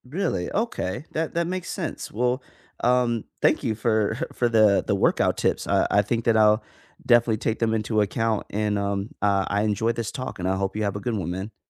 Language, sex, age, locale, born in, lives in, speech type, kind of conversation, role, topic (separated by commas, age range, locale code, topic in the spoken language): English, male, 25-29, en-US, United States, United States, conversation, unstructured, none, How does physical activity help you cope with stress?